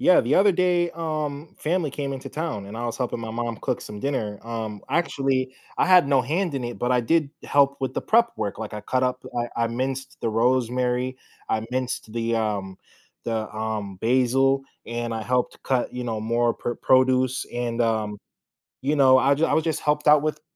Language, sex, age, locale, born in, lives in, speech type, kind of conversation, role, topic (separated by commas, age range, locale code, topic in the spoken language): English, male, 25-29, en-US, United States, United States, conversation, podcast, host, How do shared experiences and personal touches make a meal more meaningful?